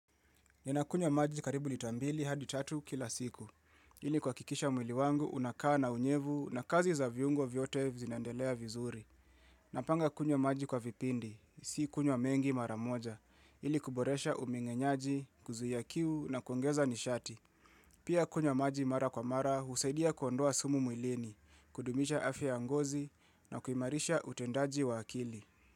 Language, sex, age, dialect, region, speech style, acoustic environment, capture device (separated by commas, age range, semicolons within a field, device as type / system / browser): Swahili, male, 25-34, Kenya - Nairobi Sheng-influenced, Kenya, relaxed; focused, people talking; nature; silence; construction, mobile / Linux / Chrome